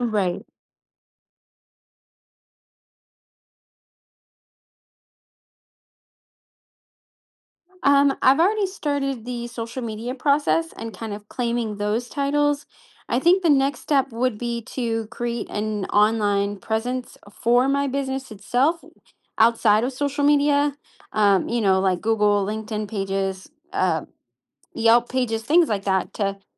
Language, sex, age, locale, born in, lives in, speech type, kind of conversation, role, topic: English, female, 30-34, en-US, United States, United States, conversation, advice, user, How can I stay motivated to finish a long-term personal goal?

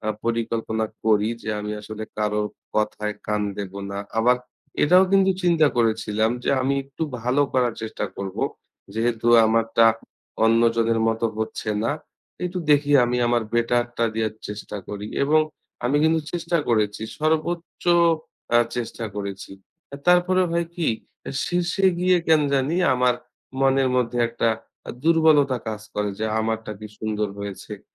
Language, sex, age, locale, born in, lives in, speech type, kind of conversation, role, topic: Bengali, male, 30-34, bn-BD, Bangladesh, Bangladesh, conversation, advice, user, অন্যদের সঙ্গে নিজেকে তুলনা করলে কীভাবে আপনার সৃজনশীলতা থেমে যায়?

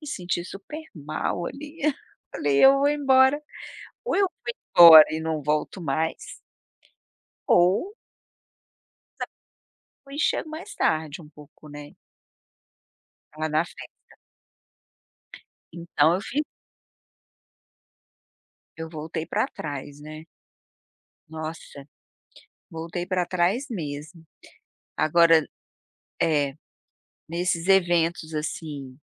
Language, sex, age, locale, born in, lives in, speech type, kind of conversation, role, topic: Portuguese, female, 55-59, pt-BR, Brazil, United States, conversation, podcast, guest, Como você usa as roupas para se sentir mais confiante?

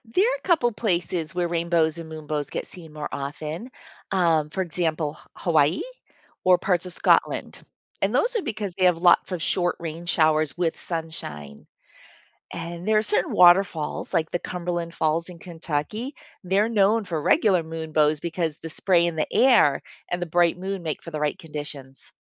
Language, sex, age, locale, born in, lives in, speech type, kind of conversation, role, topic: English, female, 55-59, en-US, United States, United States, read, expert-assistant, expert, How does a rainbow form in the sky?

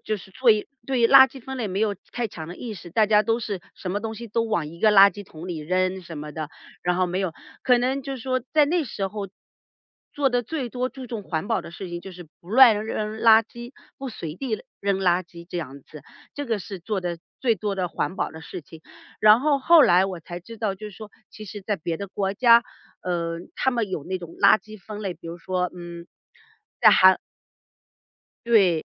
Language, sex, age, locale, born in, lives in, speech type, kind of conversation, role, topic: Chinese, female, 40-44, zh-CN, China, United States, conversation, podcast, guest, 你平时怎么注意环保的小事儿？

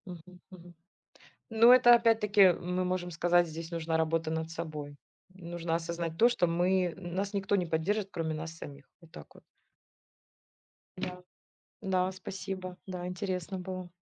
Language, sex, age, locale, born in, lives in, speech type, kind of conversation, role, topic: Russian, female, 40-44, ru-RU, Ukraine, Spain, conversation, unstructured, none, Что тебя больше всего раздражает, когда ты пытаешься изменить себя?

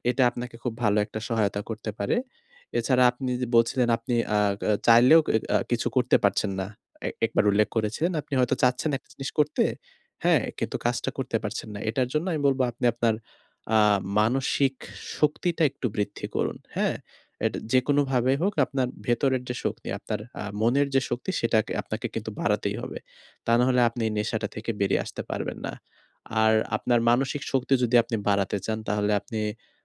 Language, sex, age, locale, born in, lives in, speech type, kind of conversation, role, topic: Bengali, male, 20-24, bn-BD, Bangladesh, Bangladesh, conversation, advice, advisor, অপ্রত্যাশিত বাধা এলে আমি কীভাবে আমার অভ্যাস বজায় রাখতে পারি?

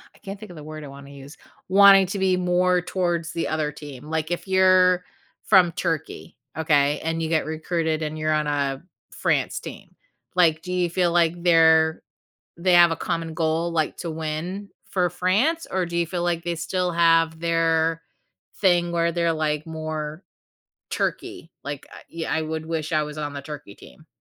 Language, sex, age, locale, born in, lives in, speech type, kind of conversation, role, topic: English, female, 45-49, en-US, United States, United States, conversation, podcast, host, What role do sports play in building connections between people from diverse backgrounds?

